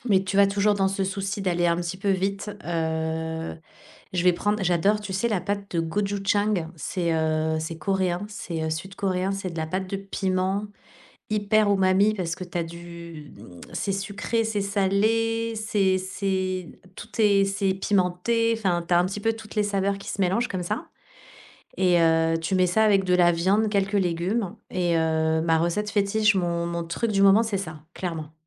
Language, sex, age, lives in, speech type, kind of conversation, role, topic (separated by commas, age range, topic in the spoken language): French, female, 40-44, Spain, conversation, podcast, guest, Comment cuisines-tu quand tu es pressé ?